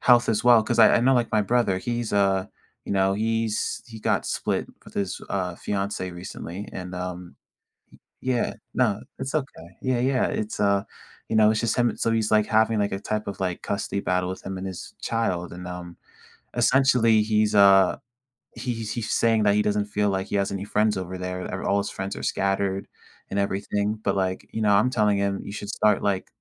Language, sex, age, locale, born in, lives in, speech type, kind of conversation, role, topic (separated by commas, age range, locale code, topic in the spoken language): English, male, 18-19, en-US, United States, United States, conversation, podcast, host, How can communities create an environment where newcomers feel included and valued?